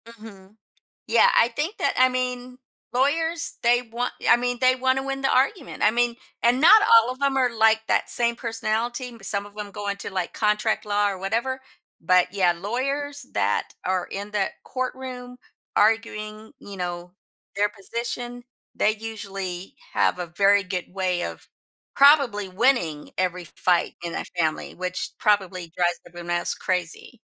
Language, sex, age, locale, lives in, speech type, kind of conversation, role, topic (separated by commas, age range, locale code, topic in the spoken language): English, female, 55-59, en-US, United States, conversation, podcast, guest, How can families build stronger relationships by working through conflicts together?